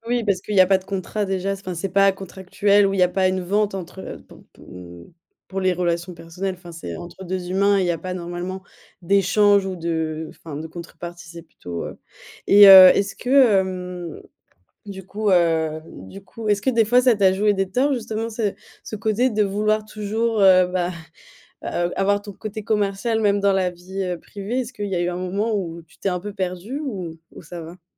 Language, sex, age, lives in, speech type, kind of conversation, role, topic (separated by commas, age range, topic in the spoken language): French, female, 25-29, Germany, conversation, podcast, host, Comment ton travail façonne-t-il la personne que tu es, selon toi ?